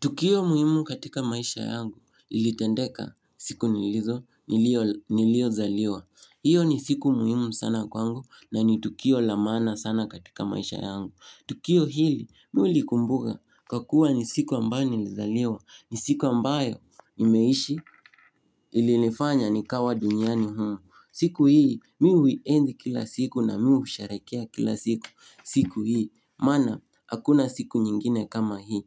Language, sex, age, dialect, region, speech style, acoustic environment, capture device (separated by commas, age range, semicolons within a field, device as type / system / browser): Swahili, male, 18-24, Kenya - Nairobi Sheng-influenced, Kenya, happy, nature, mobile / Linux / Chrome